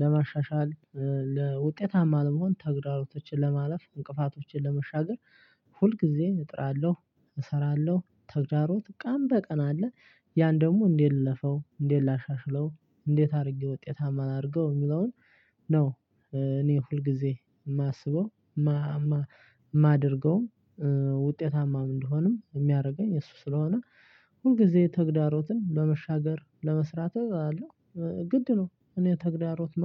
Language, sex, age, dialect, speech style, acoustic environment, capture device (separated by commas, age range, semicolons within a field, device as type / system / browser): Amharic, male, 25-34, Ethiopia - Addis Ababa, excited, silence, desktop / Linux / Chrome